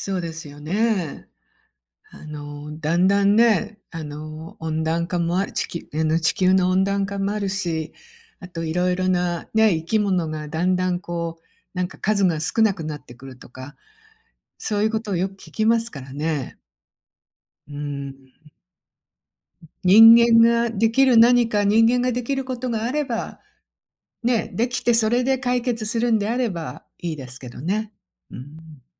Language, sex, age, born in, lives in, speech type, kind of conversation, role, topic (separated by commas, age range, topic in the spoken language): Japanese, female, 60-64, Japan, United States, conversation, podcast, host, 普段できる自然保護の小さな行動って何があるかな？